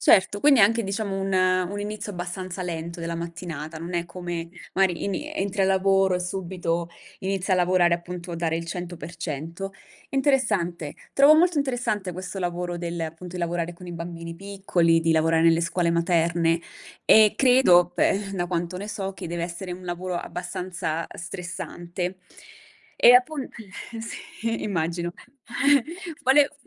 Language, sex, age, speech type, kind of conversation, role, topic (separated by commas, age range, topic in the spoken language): Italian, female, 30-34, conversation, podcast, host, Com’è una tua giornata tipo al lavoro, raccontata in modo semplice?